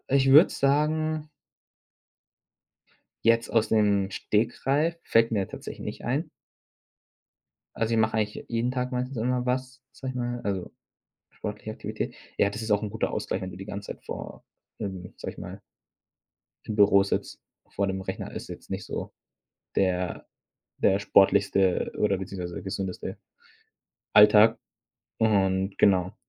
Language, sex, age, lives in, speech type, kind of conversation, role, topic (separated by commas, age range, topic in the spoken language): German, male, 25-29, Germany, conversation, podcast, guest, Wie findest du neben Alltag und Job Zeit zum Lernen?